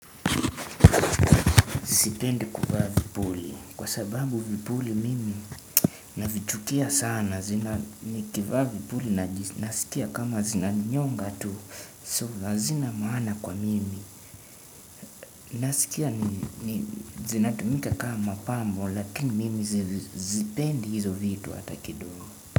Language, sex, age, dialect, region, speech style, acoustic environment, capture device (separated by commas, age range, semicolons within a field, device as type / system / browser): Swahili, male, 18-24, Kenya - Nairobi Sheng-influenced, Kenya, tired, nature, desktop / Windows / Chrome